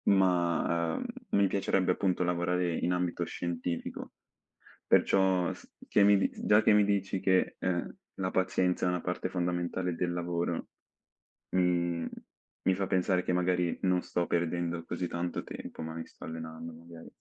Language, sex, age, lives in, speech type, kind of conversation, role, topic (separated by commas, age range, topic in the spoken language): Italian, male, 18-19, Italy, conversation, unstructured, none, Come ti prepari prima di una negoziazione importante al lavoro?